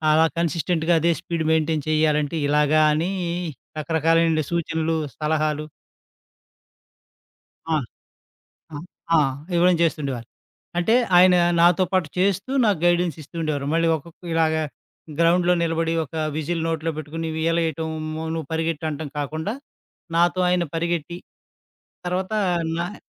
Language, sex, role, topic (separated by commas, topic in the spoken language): Telugu, male, guest, ఏ సమయంలో మార్గదర్శనం మీ దారిని మార్చింది?